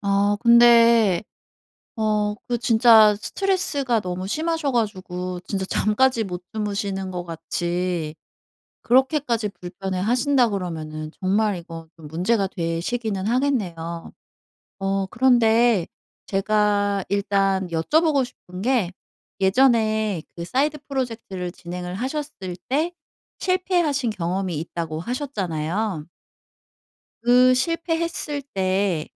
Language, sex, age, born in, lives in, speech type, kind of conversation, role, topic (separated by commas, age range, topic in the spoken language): Korean, female, 40-44, South Korea, South Korea, conversation, advice, advisor, 자신의 능력에 대한 의심을 어떻게 극복할 수 있을까요?